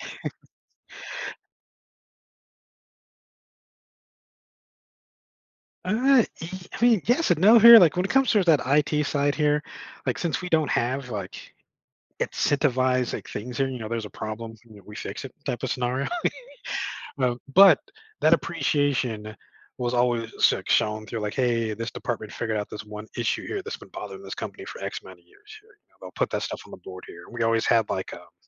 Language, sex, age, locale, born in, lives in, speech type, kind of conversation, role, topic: English, male, 40-44, en-US, United States, United States, conversation, advice, user, How can I stop feeling unappreciated at work?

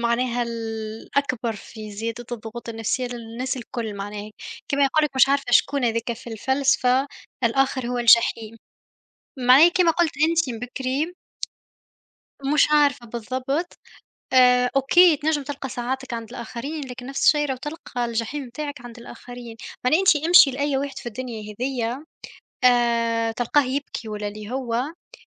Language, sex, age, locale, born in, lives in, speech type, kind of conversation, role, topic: Arabic, female, 25-29, ar-TN, Tunisia, Germany, conversation, unstructured, none, إزاي الضغوط اليومية بتأثر على صحتك النفسية؟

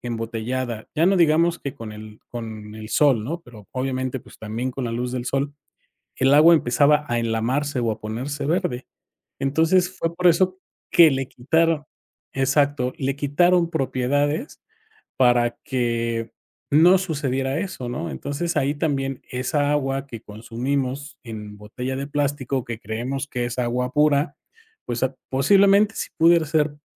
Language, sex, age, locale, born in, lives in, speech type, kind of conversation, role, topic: Spanish, male, 45-49, es-MX, Mexico, Mexico, conversation, podcast, host, ¿Qué trucos usas para evitar el uso de plástico?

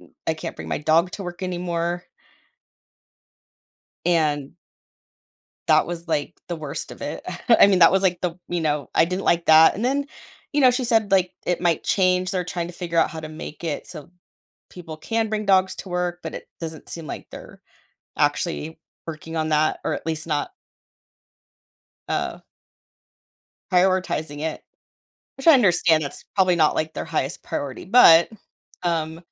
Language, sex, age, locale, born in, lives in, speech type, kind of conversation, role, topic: English, female, 40-44, en-US, United States, United States, conversation, advice, user, How do I decide whether to look for a new job or make my current role more fulfilling?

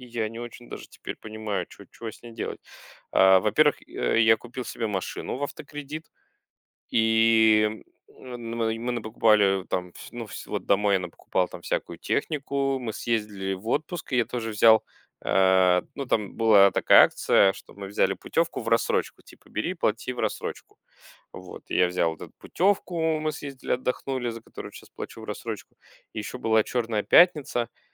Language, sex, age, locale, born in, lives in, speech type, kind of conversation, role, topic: Russian, male, 35-39, ru-UA, Russia, Germany, conversation, advice, user, Как начать постепенно погашать накопившиеся долги и меньше из‑за них переживать?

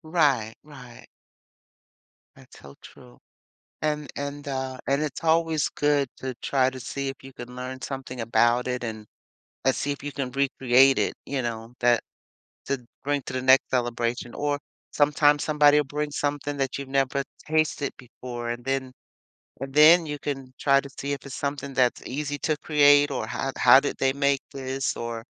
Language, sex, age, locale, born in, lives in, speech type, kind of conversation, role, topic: English, female, 60-64, en-US, United States, United States, conversation, podcast, guest, How do our reasons for celebrating change as we move through different stages of life?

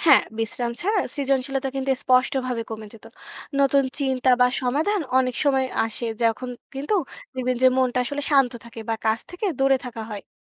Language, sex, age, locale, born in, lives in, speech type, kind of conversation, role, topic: Bengali, female, 20-24, bn-BD, Bangladesh, Bangladesh, read, expert-assistant, expert, যদি মানুষের শরীর কখনো ক্লান্ত না হতো, তাহলে আমাদের দৈনন্দিন জীবন এবং সমাজ কীভাবে বদলে যেত?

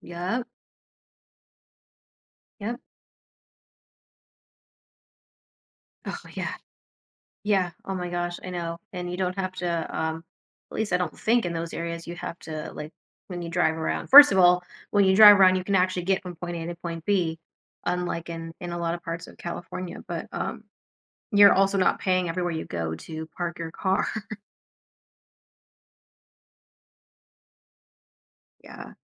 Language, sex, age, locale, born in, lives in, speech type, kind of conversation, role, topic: English, female, 30-34, en-US, United States, United States, conversation, advice, advisor, How can I make waiting for a life change feel productive?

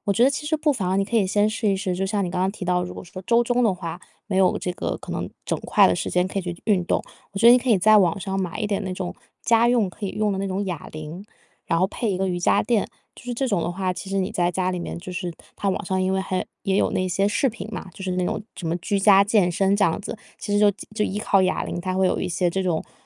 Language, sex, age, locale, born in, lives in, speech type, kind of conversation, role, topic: Chinese, female, 25-29, zh-CN, China, United States, conversation, advice, advisor, 在忙碌的生活中，我怎样才能持续坚持运动？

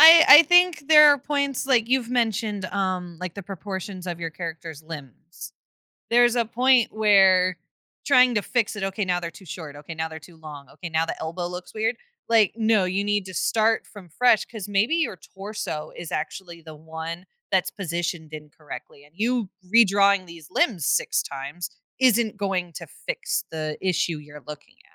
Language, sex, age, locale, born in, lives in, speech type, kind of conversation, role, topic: English, female, 25-29, en-US, United States, United States, conversation, podcast, guest, What helps you decide when it’s time to stop working on a creative project?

